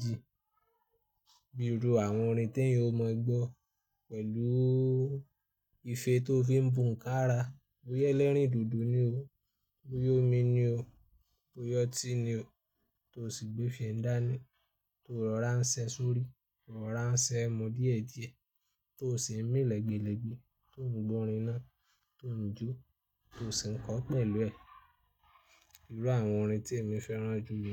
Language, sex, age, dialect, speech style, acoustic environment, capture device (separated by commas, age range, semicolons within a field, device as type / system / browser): Yoruba, male, 25-34, Nigeria - Ibadan, happy; anxious; excited; nervous, people talking; traffic; music, desktop / Linux / Chrome